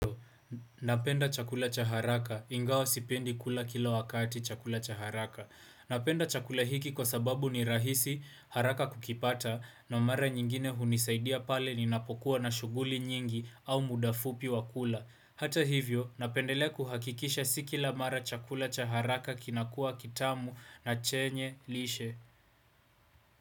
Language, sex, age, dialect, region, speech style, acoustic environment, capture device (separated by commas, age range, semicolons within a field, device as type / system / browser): Swahili, male, 18-24, Kenya - Nairobi Sheng-influenced, Kenya, focused, appliances, desktop / Windows / Chrome